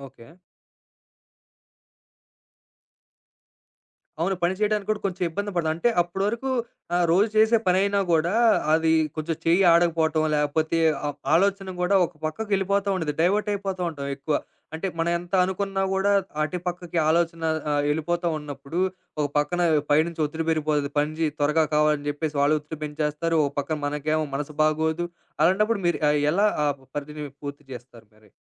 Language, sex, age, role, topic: Telugu, male, 25-29, host, ఆనందం లేదా బాధ మీ సృజనాత్మకతను ఎలా మార్చుతాయి?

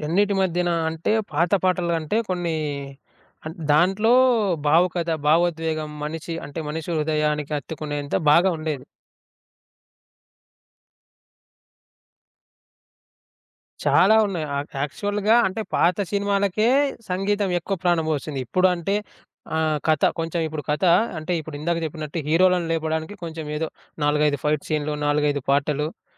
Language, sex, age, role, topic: Telugu, male, 25-29, guest, కథ చెప్పడంలో సంగీతం ఏమి పాత్ర పోషిస్తుంది?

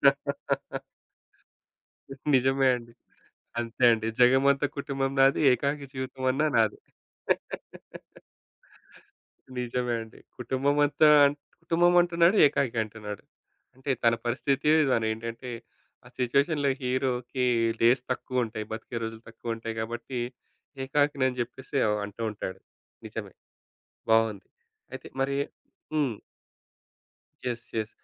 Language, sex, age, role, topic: Telugu, male, 35-39, host, సంగీతం పట్ల మీకు ప్రేమ ఎప్పుడు, ఏ సందర్భంలో మొదలైంది?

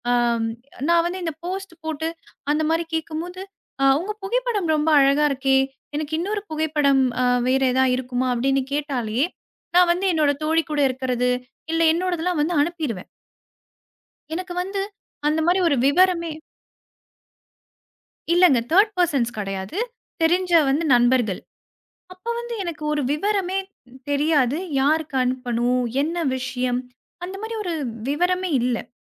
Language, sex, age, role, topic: Tamil, female, 25-29, guest, புகைப்படங்களை பகிரும் முன்னால் மற்றவர்களிடம் அனுமதி எப்படி கேட்பீர்கள்?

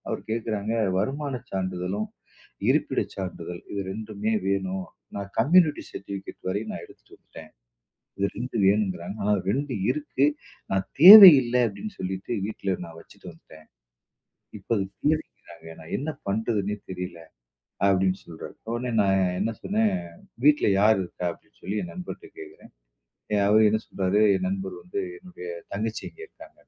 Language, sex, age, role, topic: Tamil, male, 40-44, guest, டிஜிட்டல் வாழ்க்கை உங்கள் மகிழ்ச்சியுடன் எவ்வாறு தொடர்புடையது?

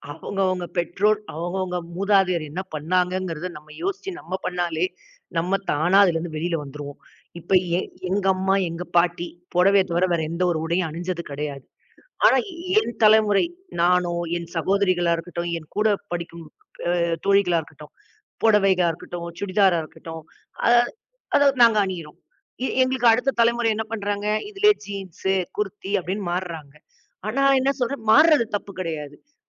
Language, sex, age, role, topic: Tamil, female, 40-44, guest, உடை அணிவதால் மனநிலை மாறும் என்று நீங்கள் நினைக்கிறீர்களா? ஏன்?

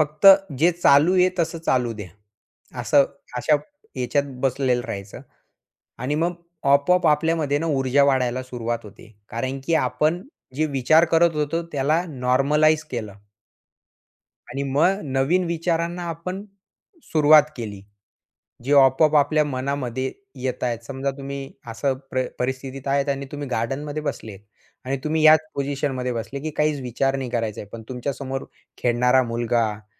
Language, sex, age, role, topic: Marathi, male, 20-24, guest, लक्ष एकाग्र करण्यासाठी तुम्ही साधारणपणे काय करता?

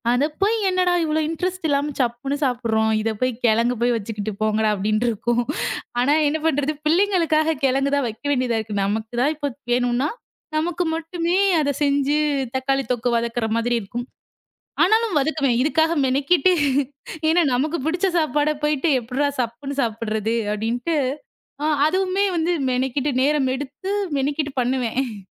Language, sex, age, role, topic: Tamil, female, 25-29, guest, உங்களுக்கு பிடித்த சுவை கூட்டணி எது?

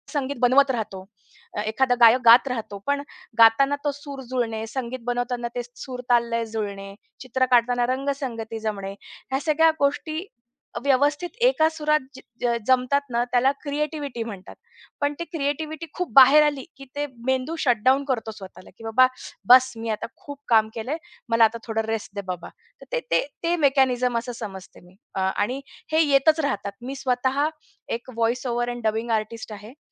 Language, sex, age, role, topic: Marathi, female, 35-39, guest, कलात्मक अडथळा आल्यावर तुम्ही काय करता?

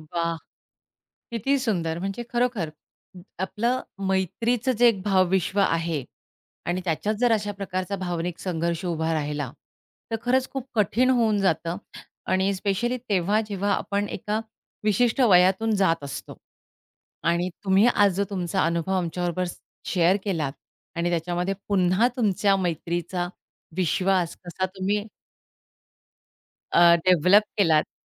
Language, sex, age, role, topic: Marathi, female, 45-49, host, भावनिक संघर्षानंतर पुन्हा विश्वास कसा बांधता?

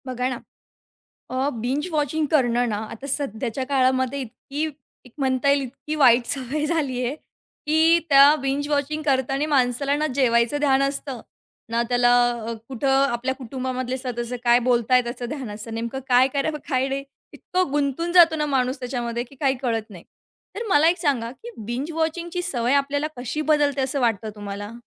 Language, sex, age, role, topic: Marathi, female, 20-24, host, बिंजवॉचिंगची सवय आपल्याला कशी बदलते, असं तुम्हाला वाटतं?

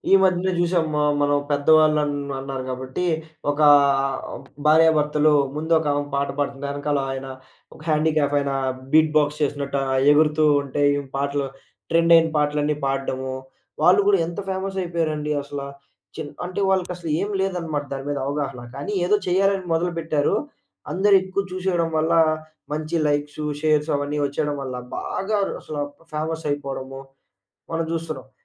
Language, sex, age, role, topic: Telugu, male, 20-24, guest, ఇంటర్నెట్‌లో కొత్త ట్రెండ్ వస్తే మీ అభిరుచులపై అది ఎలా ప్రభావం చూపుతుంది?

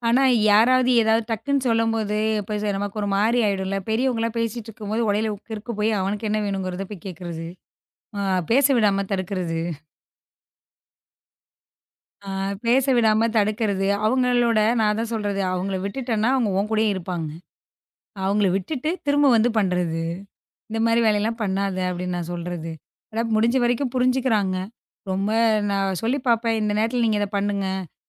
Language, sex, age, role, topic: Tamil, female, 35-39, guest, குழந்தைகள் இடையூறு செய்யும்போது நீங்கள் அதை எப்படிச் சமாளிக்கிறீர்கள்?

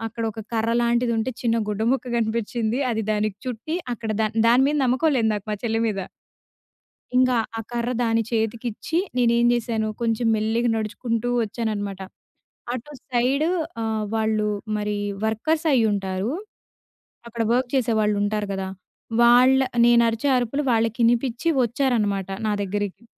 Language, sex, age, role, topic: Telugu, female, 20-24, guest, ఒకసారి మీరు మార్గం తప్పిపోయినప్పుడు మీ అనుభవం ఎలా ఉండింది?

